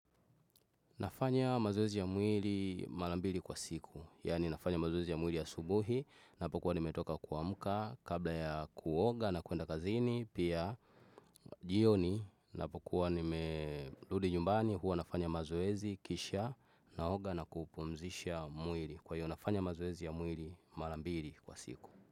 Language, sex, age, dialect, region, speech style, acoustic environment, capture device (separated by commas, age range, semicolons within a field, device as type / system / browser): Swahili, male, 25-34, Tanzania - Dar es Salaam, Tanzania, focused, nature, desktop / Windows / Chrome